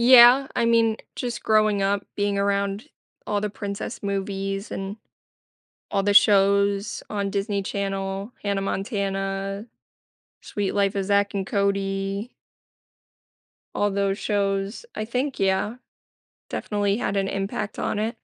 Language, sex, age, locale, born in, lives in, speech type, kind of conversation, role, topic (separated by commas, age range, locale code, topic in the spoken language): English, female, 25-29, en-US, United States, United States, conversation, podcast, guest, What makes a vacation spot truly memorable for you?